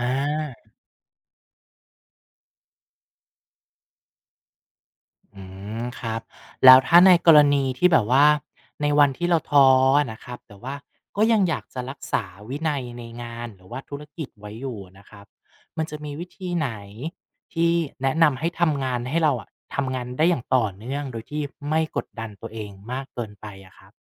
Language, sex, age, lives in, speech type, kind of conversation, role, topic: Thai, male, 35-39, Thailand, read, expert-assistant, asker, ทำอย่างไรให้ยังมีกำลังใจเดินต่อเมื่อธุรกิจไม่เป็นอย่างที่หวัง?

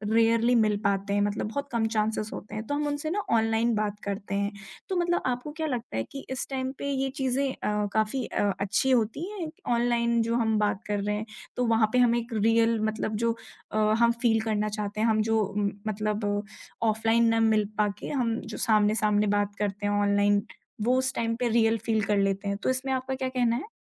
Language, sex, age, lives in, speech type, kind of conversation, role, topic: Hindi, female, 20-24, India, conversation, podcast, host, Online communities aur real life mein tumhein kya farq lagta hai?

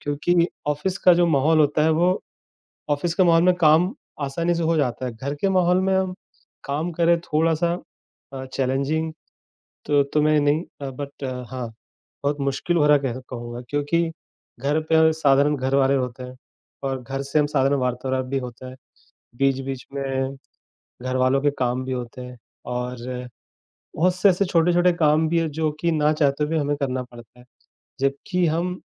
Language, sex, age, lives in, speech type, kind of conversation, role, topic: Hindi, male, 35-39, India, conversation, advice, user, घर से काम करने की नई व्यवस्था में आप कैसे अनुकूल हो रहे हैं?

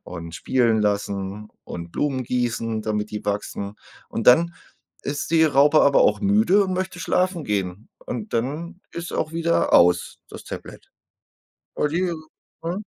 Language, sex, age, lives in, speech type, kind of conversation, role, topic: German, male, 40-44, Germany, conversation, unstructured, none, Wie stehst du zu Smartphones im Unterricht?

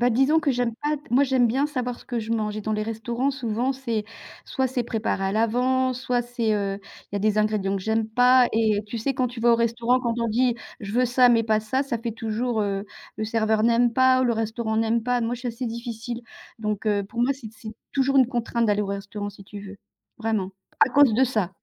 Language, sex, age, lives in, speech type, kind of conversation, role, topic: French, female, 55-59, France, conversation, unstructured, none, Comment un bon repas peut-il changer ta journée ?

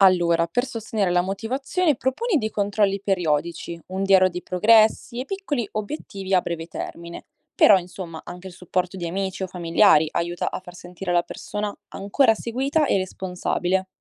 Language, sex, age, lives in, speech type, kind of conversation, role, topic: Italian, female, 20-24, Italy, read, expert-assistant, expert, Cosa significa davvero rispettare i desideri di un paziente?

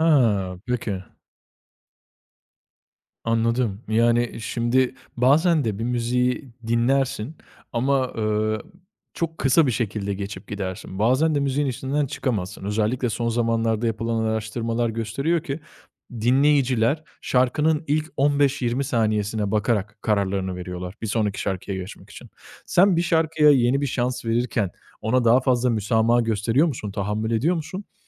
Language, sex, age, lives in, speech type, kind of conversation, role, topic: Turkish, male, 25-29, Italy, conversation, podcast, host, Yeni bir şarkıyı keşfettiğinizde ilk ne hissedersiniz?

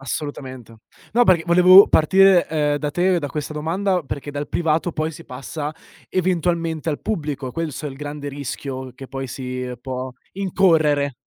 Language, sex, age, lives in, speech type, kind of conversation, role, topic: Italian, male, 25-29, Italy, conversation, podcast, host, Come influenzano i meme la cultura pop, secondo la tua esperienza?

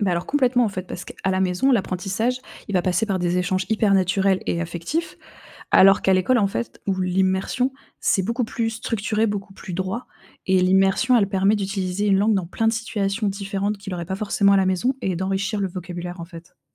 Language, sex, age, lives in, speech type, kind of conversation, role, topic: French, female, 25-29, France, read, expert-assistant, expert, Comment les enfants apprennent-ils leur langue maternelle et deviennent-ils bilingues ?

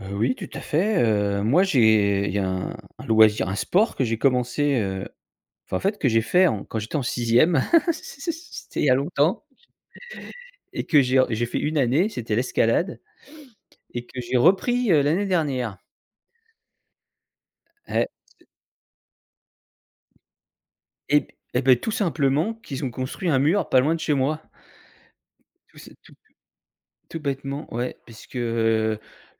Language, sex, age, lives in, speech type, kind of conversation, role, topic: French, male, 45-49, France, conversation, podcast, guest, Parle-moi d’un loisir qui te fait vraiment plaisir en ce moment ?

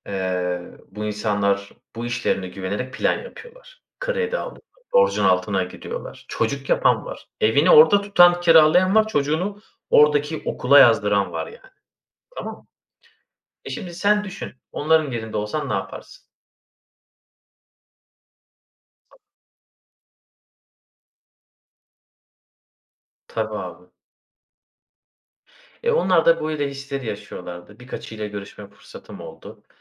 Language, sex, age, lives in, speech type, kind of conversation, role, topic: Turkish, male, 30-34, Bulgaria, conversation, unstructured, none, İşten çıkarılma korkusu seni nasıl etkiliyor?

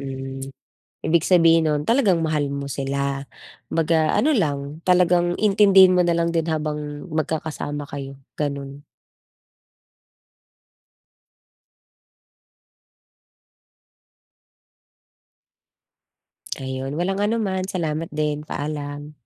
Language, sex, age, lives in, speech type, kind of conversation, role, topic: Filipino, female, 35-39, Philippines, conversation, advice, advisor, Paano ko mapapanatili at mapapangalagaan ang emosyonal kong hangganan?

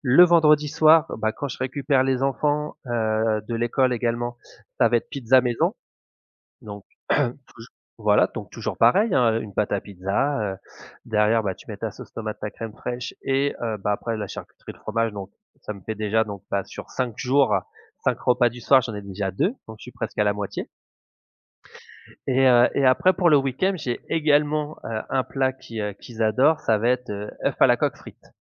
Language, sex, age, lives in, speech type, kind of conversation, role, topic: French, male, 35-39, France, conversation, podcast, guest, Comment prépares-tu tes repas pour la semaine ?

